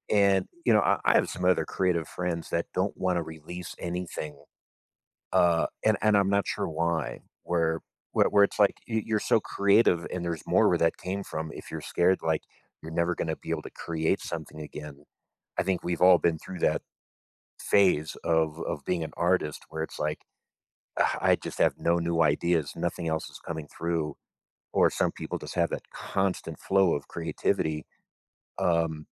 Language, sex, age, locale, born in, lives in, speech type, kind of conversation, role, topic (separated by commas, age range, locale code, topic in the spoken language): English, male, 45-49, en-US, United States, United States, conversation, advice, advisor, How can I build my self-confidence when I frequently feel insecure?